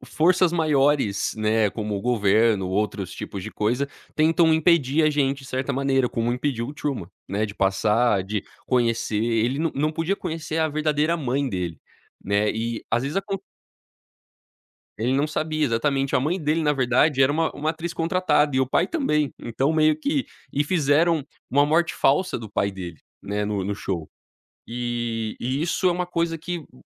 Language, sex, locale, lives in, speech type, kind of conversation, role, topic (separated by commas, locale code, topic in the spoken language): Portuguese, male, pt-BR, United States, conversation, podcast, guest, Qual foi um filme que te ajudou a escapar da rotina?